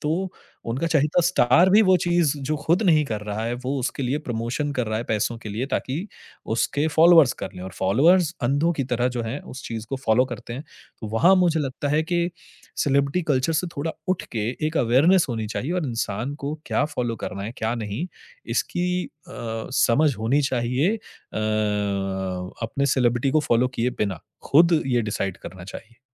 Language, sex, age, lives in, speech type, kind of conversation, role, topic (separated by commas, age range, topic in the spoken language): Hindi, male, 30-34, India, conversation, podcast, guest, Celebrity culture hamare rozmarra ki zindagi par kya asar daalta hai?